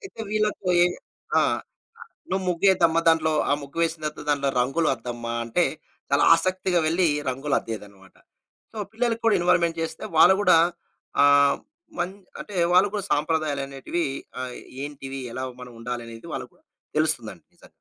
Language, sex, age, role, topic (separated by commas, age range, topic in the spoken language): Telugu, male, 35-39, guest, ఆధునిక జీవనంలో సంప్రదాయాన్ని సహజంగా ఎలా కలపగలరు?